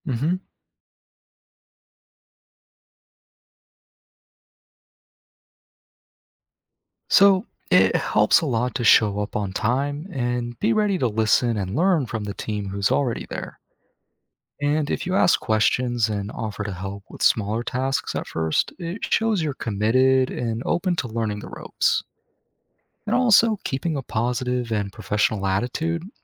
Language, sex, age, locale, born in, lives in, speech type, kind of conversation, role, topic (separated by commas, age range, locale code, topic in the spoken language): English, male, 20-24, en-US, United States, United States, read, expert-assistant, expert, What are the benefits of volunteering locally?